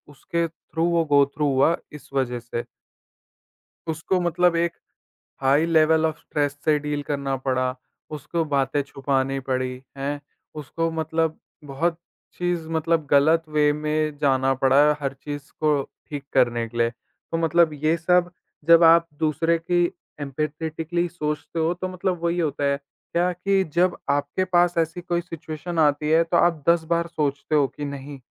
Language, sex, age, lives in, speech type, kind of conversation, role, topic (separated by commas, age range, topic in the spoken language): Hindi, male, 18-19, India, conversation, podcast, host, Empathy dikhane ke sabse simple aur seedhe tareeke kya hain?